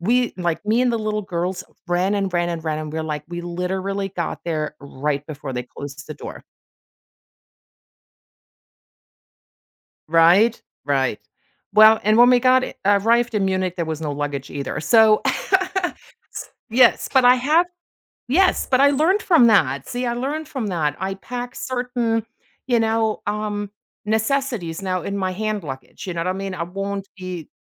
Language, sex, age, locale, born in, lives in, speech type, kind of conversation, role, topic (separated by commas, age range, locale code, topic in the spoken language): English, female, 45-49, en-DE, Germany, United States, conversation, podcast, host, What strategies help you stay flexible and positive when travel plans go off track?